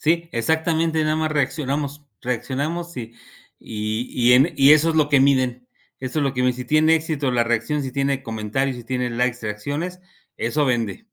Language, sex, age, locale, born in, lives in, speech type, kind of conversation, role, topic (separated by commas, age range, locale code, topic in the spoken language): Spanish, male, 50-54, es-MX, Mexico, Mexico, conversation, unstructured, none, ¿Crees que la prensa amarilla perjudica a la cultura pop?